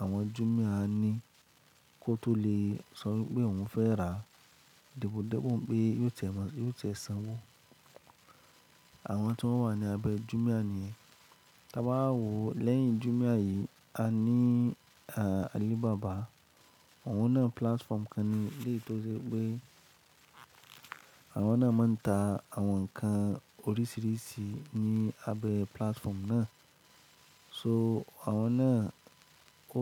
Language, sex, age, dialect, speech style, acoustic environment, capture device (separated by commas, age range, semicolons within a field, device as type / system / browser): Yoruba, male, 25-34, Nigeria - Lagos Yoruba, happy; excited, silence, mobile / Linux / Chrome